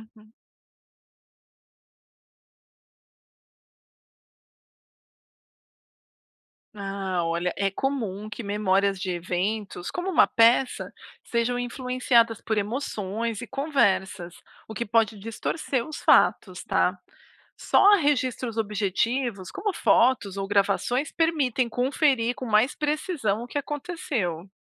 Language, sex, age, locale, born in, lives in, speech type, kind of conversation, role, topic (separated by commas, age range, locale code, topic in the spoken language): Portuguese, female, 40-44, pt-BR, Brazil, United States, read, expert-assistant, expert, Como saber se uma peça de teatro ou dança realmente aconteceu do jeito que contam?